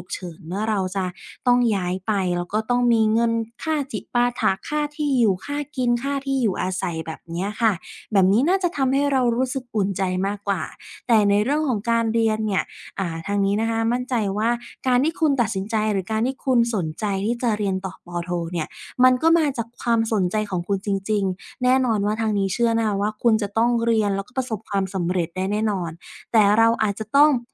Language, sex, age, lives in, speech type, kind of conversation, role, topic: Thai, female, 25-29, Thailand, conversation, advice, advisor, กลัวล้มเหลวจนไม่กล้าเริ่มลงมือทำตามเป้าหมายใหม่ ควรทำอย่างไร?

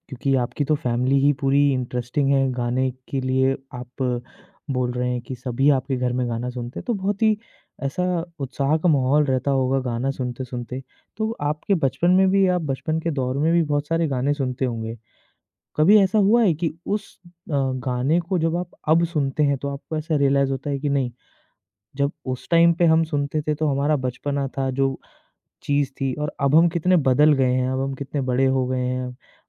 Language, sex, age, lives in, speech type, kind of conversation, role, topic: Hindi, male, 25-29, India, conversation, podcast, host, Kaunsa gaana tumhe turant nostalgia feel karwa deta hai?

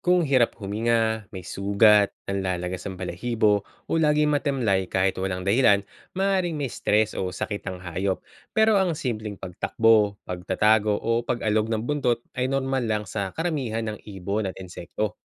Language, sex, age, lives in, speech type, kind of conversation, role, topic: Filipino, male, 35-39, Philippines, read, expert-assistant, expert, Saan magandang magsimula kung gusto kong matuklasan ang iba’t ibang klase ng hayop na matatagpuan sa Pilipinas?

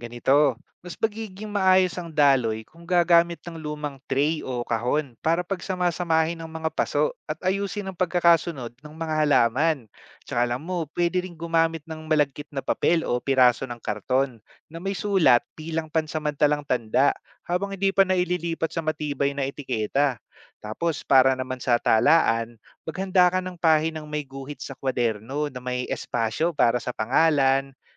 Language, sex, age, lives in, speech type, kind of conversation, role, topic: Filipino, male, 30-34, Philippines, read, expert-assistant, expert, Paano masusubaybayan nang madali ang mga pananim gamit ang simpleng talaan at etiketa?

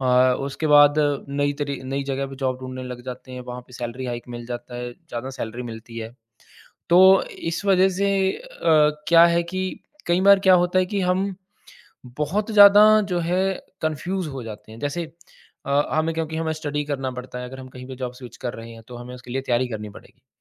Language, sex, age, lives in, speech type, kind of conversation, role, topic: Hindi, male, 35-39, India, conversation, podcast, host, Kya baar-baar naukri badalne se pehchaan uljhti hai, ya aap zyada lachakdaar ban jaate ho?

